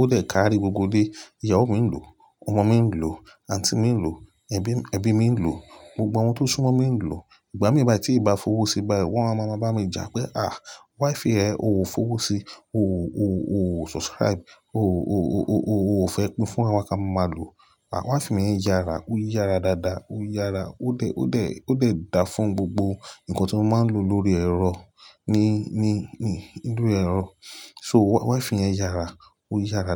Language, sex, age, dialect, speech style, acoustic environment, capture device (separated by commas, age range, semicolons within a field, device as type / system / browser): Yoruba, male, 35-44, Nigeria - Lagos Yoruba, relaxed, nature, mobile / Linux / Chrome